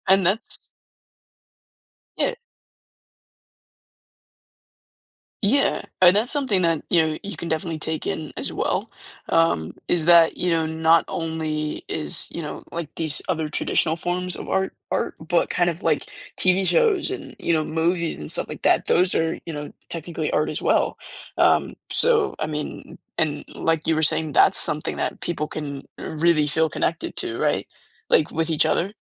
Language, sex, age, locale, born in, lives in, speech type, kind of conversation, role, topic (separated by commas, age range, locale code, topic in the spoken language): English, male, 18-19, en-US, United States, United States, conversation, podcast, host, Why do creative expressions like art and music help people connect and understand each other?